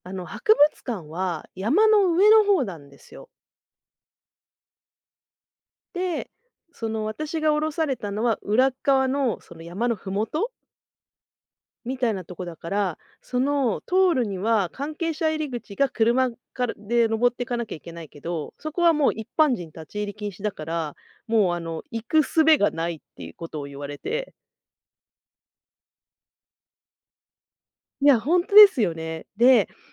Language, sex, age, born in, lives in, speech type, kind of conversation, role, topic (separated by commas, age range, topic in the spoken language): Japanese, female, 40-44, Japan, Japan, conversation, podcast, guest, 地図アプリの案内に従っていて、ひどい目にあったことはありますか？